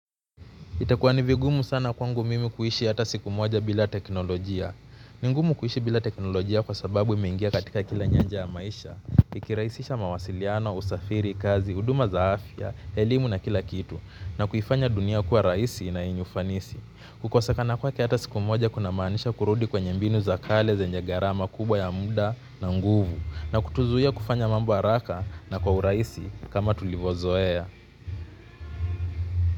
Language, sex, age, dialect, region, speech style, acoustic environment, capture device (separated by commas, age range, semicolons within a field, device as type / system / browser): Swahili, male, 25-34, Kenya - Mombasa, Kenya, relaxed; focused, people talking; music; nature; traffic; construction, mobile / Linux / Chrome